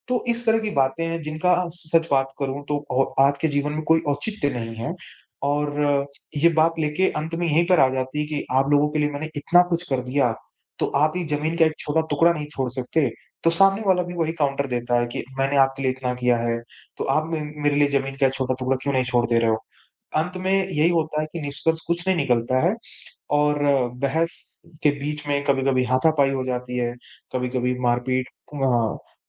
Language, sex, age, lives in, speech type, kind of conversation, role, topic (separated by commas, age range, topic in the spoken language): Hindi, male, 25-29, India, conversation, advice, user, पीढ़ियों से चले आ रहे पारिवारिक झगड़ों और संघर्ष के पैटर्न को आप कैसे बदल सकते हैं?